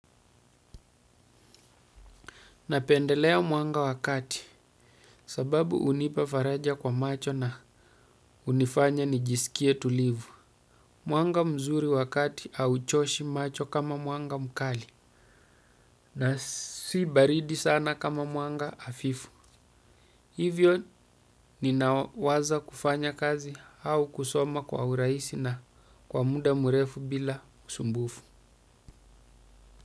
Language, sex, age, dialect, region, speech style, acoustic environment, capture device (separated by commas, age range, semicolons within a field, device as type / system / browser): Swahili, male, 35-44, Kenya - Mombasa, Kenya, happy, silence, desktop / Windows / Chrome